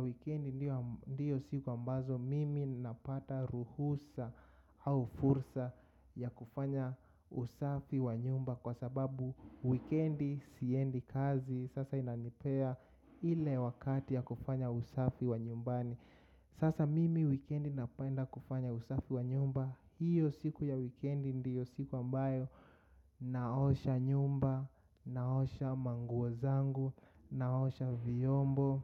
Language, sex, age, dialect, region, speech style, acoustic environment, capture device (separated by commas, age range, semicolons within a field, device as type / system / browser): Swahili, male, 25-34, Kenya - Nairobi Sheng-influenced, Kenya, focused, nature, mobile / macOS / Safari